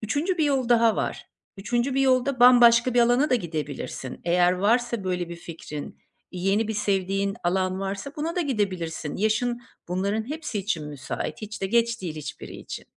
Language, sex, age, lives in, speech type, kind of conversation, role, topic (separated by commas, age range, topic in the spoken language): Turkish, female, 50-54, Portugal, conversation, advice, advisor, İşten ayrılma zamanının gelip gelmediğini nasıl anlayabilirim?